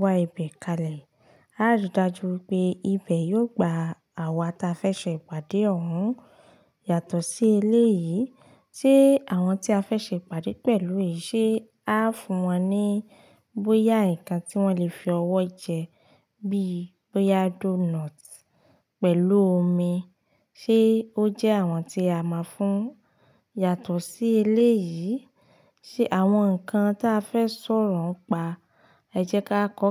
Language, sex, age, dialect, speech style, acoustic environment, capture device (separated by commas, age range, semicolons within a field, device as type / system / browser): Yoruba, female, 25-34, Nigeria - Ibadan, relaxed, silence, mobile / Linux / Chrome